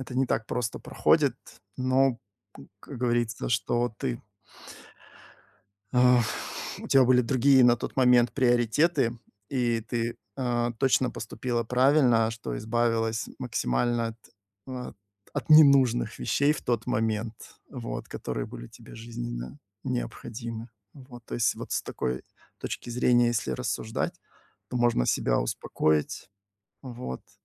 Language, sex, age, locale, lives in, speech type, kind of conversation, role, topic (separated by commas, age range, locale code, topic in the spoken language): Russian, male, 45-49, ru-RU, Spain, conversation, advice, advisor, Как мне отпустить вещи, к которым я эмоционально привязан(а), и начать расхламление?